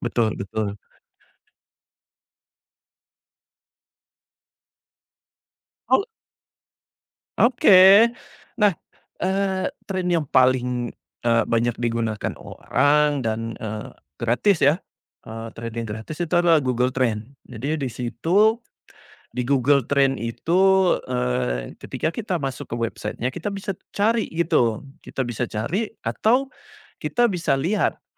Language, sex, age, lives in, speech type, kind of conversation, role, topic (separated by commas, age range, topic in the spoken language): Indonesian, male, 40-44, Indonesia, conversation, podcast, guest, Menurutmu, apa yang membuat konten influencer cepat viral?